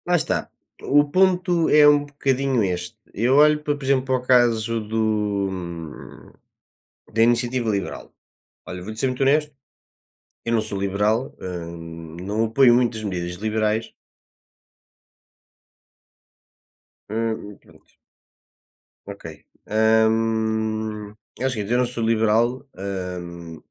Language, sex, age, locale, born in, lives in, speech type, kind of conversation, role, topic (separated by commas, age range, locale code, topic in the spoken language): Portuguese, male, 20-24, pt-PT, Portugal, Portugal, conversation, unstructured, none, Como você vê o papel dos jovens na política hoje?